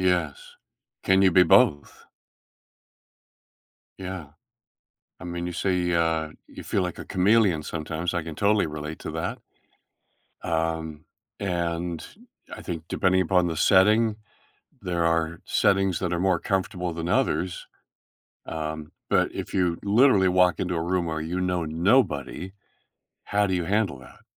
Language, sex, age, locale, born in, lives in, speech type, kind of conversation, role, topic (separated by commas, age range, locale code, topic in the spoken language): English, male, 70-74, en-US, Canada, United States, conversation, podcast, host, What makes it challenging to stay true to yourself while wanting to belong?